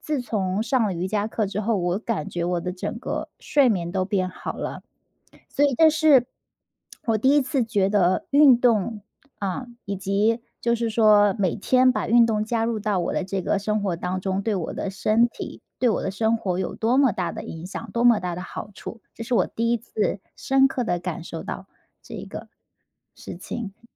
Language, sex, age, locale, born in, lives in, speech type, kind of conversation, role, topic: Chinese, female, 30-34, zh-CN, China, United States, conversation, podcast, guest, 你是怎么养成运动习惯的？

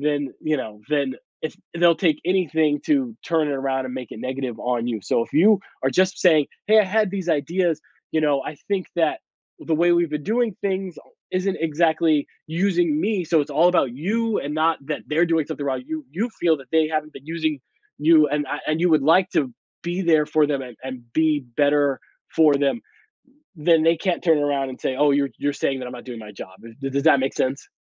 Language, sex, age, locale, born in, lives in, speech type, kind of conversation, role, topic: English, male, 40-44, en-US, United States, United States, conversation, advice, advisor, How can I get my coworkers and managers to recognize my contributions at work?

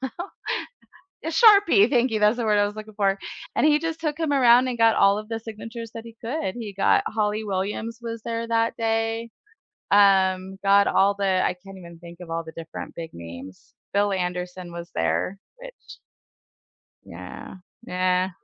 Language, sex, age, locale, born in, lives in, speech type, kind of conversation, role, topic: English, female, 45-49, en-US, United States, United States, conversation, unstructured, none, What was your most unforgettable live concert experience, who were you with, and what made it special to you?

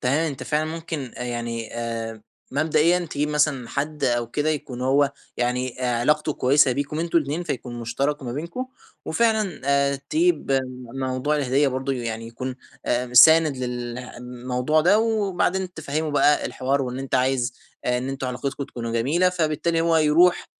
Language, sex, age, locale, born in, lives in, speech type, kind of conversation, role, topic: Arabic, male, 20-24, ar-EG, Egypt, Egypt, conversation, advice, advisor, أتعامل إزاي مع النقد السلبي أو التعليقات اللي بتتقال بنيّة وحشة: أرد ولا أتجاهل؟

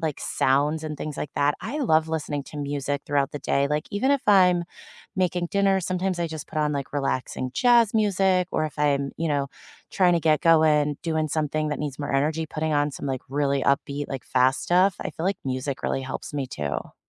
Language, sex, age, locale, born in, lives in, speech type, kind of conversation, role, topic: English, female, 35-39, en-US, United States, United States, conversation, unstructured, none, Which small rituals, spaces, or people help you recharge after a busy or stressful day, and why?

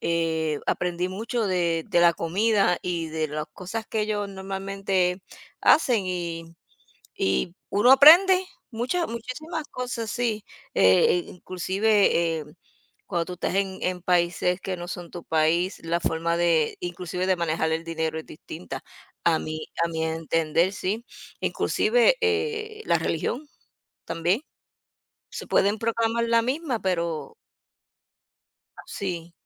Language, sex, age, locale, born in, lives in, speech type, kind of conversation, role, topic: Spanish, female, 50-54, es-US, United States, United States, conversation, unstructured, none, ¿Conoces alguna costumbre que te parezca curiosa o divertida?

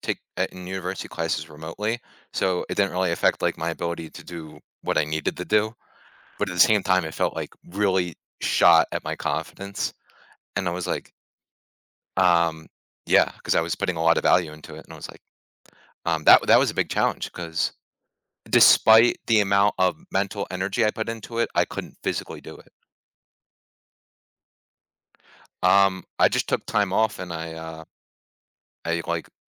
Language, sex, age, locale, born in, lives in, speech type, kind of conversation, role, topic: English, male, 20-24, en-US, United States, United States, conversation, podcast, guest, How can pursuing a hobby shape your personal growth and outlook on life?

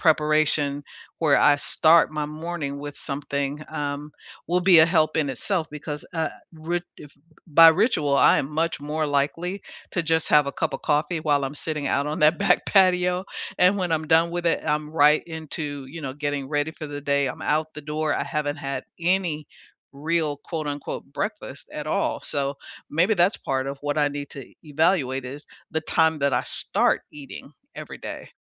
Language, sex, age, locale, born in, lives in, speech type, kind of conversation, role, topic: English, female, 65-69, en-US, United States, United States, conversation, unstructured, none, What does a balanced morning routine look like for you, and what makes it meaningful?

